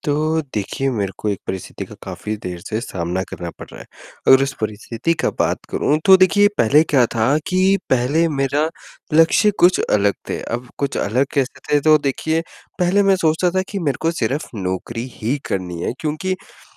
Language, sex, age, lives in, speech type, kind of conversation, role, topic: Hindi, male, 20-24, India, conversation, advice, user, बदलते हालात के अनुसार मैं अपने लक्ष्यों को कैसे संशोधित कर सकता/सकती हूँ?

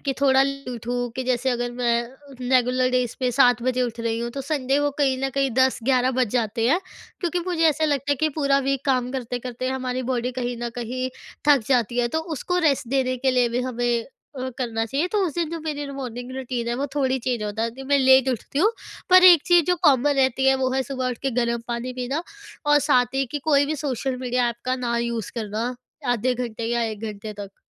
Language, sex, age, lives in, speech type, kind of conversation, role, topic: Hindi, female, 20-24, India, conversation, podcast, guest, Tumhari subah ki dincharya kaisi hoti hai?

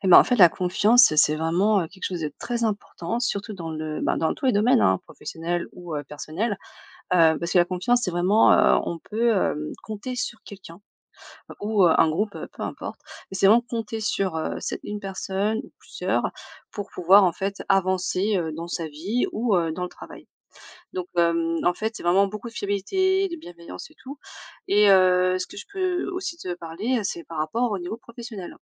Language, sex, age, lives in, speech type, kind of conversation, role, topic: French, female, 35-39, France, conversation, podcast, guest, Quel rôle joue la confiance dans une collaboration réussie ?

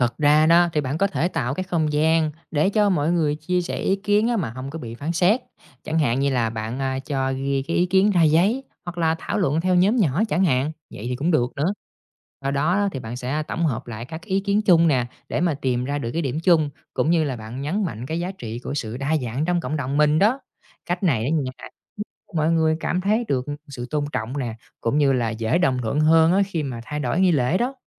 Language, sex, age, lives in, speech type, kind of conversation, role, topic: Vietnamese, male, 30-34, Vietnam, read, expert-assistant, expert, Làm thế nào để nghi lễ tôn giáo hấp dẫn và gần gũi với mọi lứa tuổi?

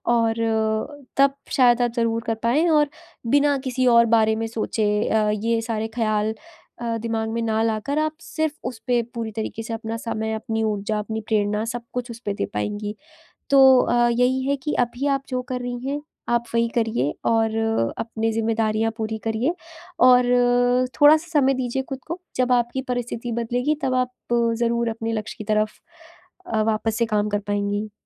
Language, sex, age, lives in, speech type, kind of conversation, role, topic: Hindi, female, 20-24, India, conversation, advice, advisor, ऊर्जा घटने पर भी मैं लंबे समय तक अपनी प्रेरणा कैसे बनाए रख सकता/सकती हूँ?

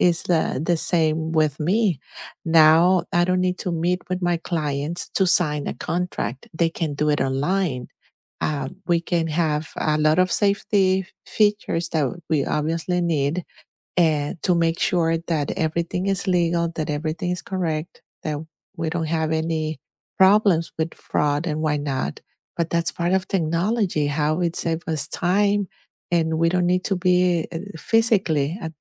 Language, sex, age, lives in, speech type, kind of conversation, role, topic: English, female, 50-54, United States, conversation, unstructured, none, How has technology made your day-to-day life easier?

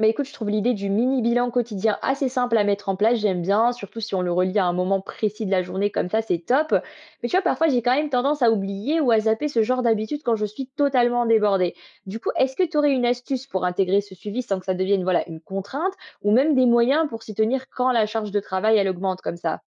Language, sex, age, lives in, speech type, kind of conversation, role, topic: French, female, 20-24, France, read, expert-assistant, asker, Quelles stratégies puis-je adopter pour éviter que le stress professionnel n’empiète sur ma vie personnelle, surtout quand je travaille à domicile ?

